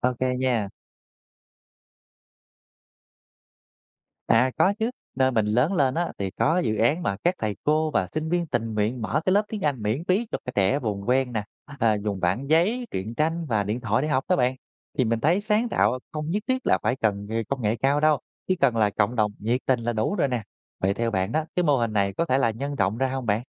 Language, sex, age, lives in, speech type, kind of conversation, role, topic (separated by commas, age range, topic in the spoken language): Vietnamese, male, 30-34, Vietnam, read, expert-assistant, asker, Nếu trí tuệ nhân tạo trở thành giáo viên chính trong trường học, hệ thống giáo dục sẽ biến đổi ra sao? Học sinh và xã hội sẽ đối mặt với những thách thức hay cơ hội gì?